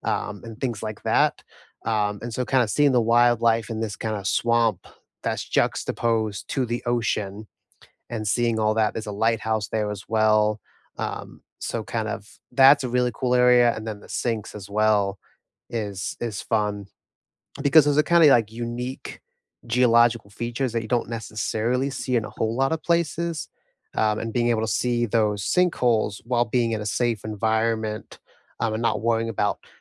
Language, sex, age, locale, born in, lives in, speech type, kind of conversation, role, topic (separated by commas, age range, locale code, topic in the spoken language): English, male, 25-29, en-US, United States, United States, conversation, unstructured, none, What local spots do you love sharing with friends?